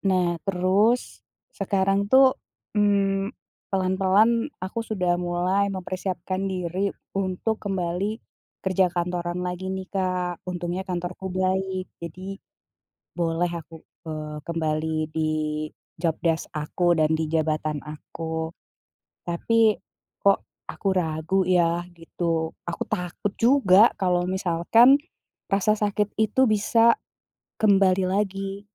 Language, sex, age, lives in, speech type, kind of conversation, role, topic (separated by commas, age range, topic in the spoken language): Indonesian, female, 40-44, Indonesia, conversation, advice, user, Bagaimana saya bisa kembali bekerja tanpa mengorbankan pemulihan dari kelelahan kerja?